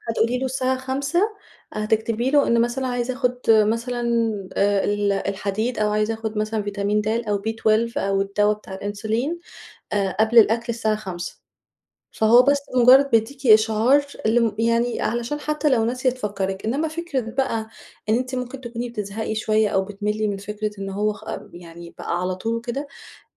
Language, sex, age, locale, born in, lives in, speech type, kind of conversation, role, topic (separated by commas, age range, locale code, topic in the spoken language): Arabic, female, 35-39, ar-EG, Egypt, Egypt, conversation, advice, advisor, إزاي أقدر أبطل نسيان أو تخطي الأدوية أو المكملات اللي باخدها كل يوم؟